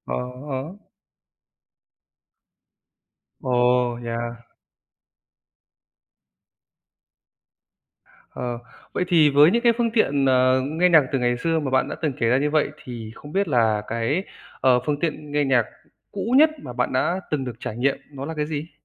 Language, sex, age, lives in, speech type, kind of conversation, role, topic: Vietnamese, male, 30-34, Vietnam, conversation, podcast, host, Bạn nghĩ mạng xã hội đã thay đổi cách chúng ta nghe nhạc như thế nào?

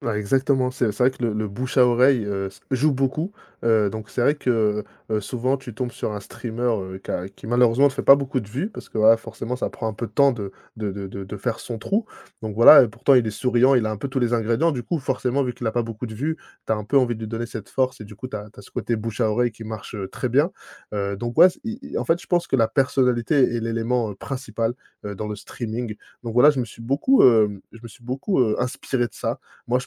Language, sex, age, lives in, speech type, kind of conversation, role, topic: French, male, 30-34, France, conversation, podcast, guest, Quel petit projet lancerais-tu pour te remettre en selle ?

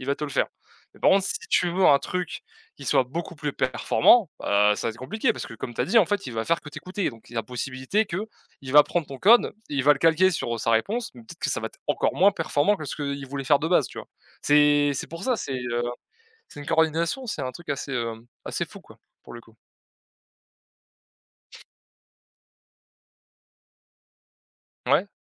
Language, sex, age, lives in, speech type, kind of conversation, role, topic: French, male, 20-24, France, conversation, unstructured, none, Que pensez-vous de l’intelligence artificielle dans la vie quotidienne ?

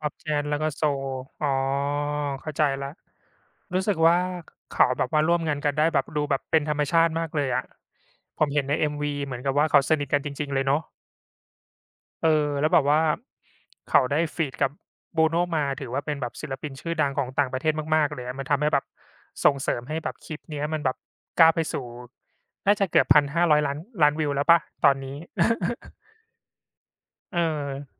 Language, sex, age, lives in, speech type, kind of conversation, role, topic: Thai, male, 35-39, Thailand, conversation, unstructured, none, เพลงโปรดของคุณตอนนี้คือเพลงอะไร?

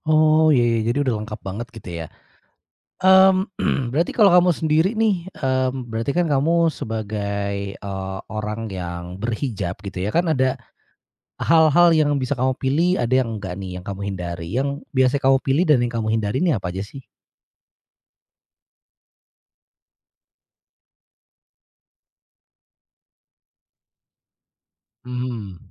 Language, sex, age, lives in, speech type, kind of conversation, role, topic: Indonesian, male, 35-39, Indonesia, conversation, podcast, host, Bagaimana pakaian memengaruhi rasa percaya dirimu?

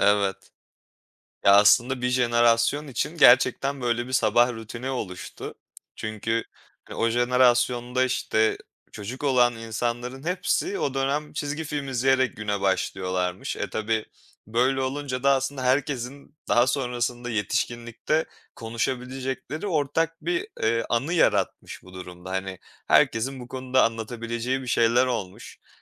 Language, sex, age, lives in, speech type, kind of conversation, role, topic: Turkish, male, 25-29, Poland, conversation, podcast, host, Nostaljik çizgi filmlerden hangisi seni en çok etkiledi?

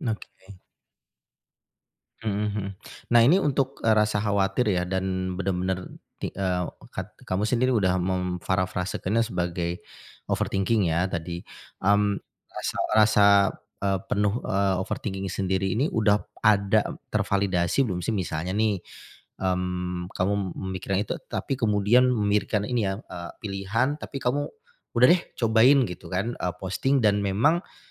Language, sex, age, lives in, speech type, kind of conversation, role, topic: Indonesian, male, 40-44, Indonesia, conversation, advice, advisor, Bagaimana perfeksionisme menghambat kemajuan karyamu?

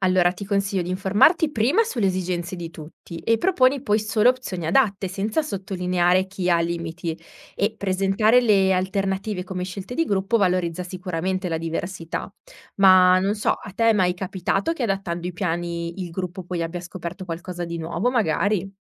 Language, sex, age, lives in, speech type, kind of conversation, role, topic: Italian, female, 30-34, Italy, read, expert-assistant, expert, Quali strategie adottare per mettere d’accordo le diverse preferenze dei partecipanti durante l’organizzazione di un viaggio di gruppo?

